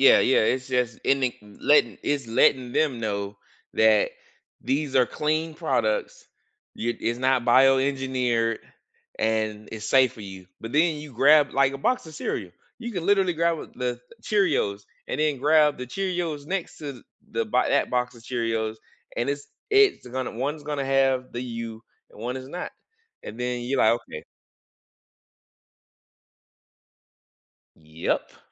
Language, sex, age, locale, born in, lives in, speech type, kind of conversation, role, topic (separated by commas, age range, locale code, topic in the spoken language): English, male, 35-39, en-US, United States, United States, conversation, unstructured, none, Is it worth paying extra for eco-friendly products, or should you save money by buying the cheapest option?